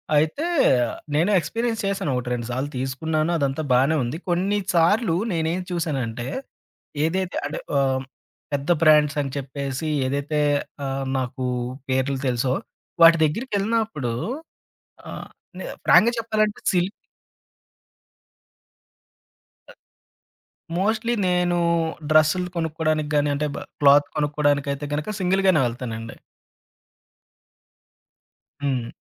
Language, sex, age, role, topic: Telugu, male, 30-34, guest, తక్కువ బడ్జెట్‌లో ఇంటి సౌకర్యం పెరగాలంటే మీరు ఏ మార్పులు చేస్తారు?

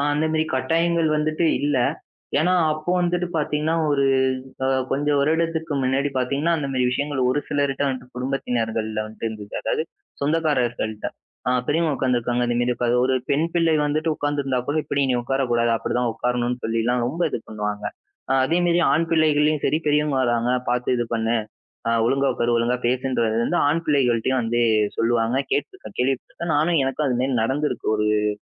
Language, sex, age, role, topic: Tamil, male, 20-24, guest, வயதானவர்களுக்கு நீங்கள் மரியாதையை எப்படிக் காட்டுகிறீர்கள்?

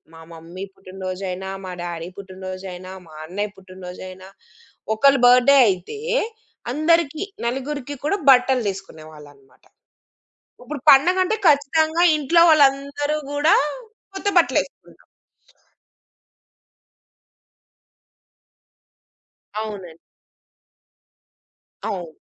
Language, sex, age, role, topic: Telugu, female, 35-39, guest, పండుగ రోజుల్లో ఆహారం పంచడంలో మీ కుటుంబ సంప్రదాయం ఏమిటి?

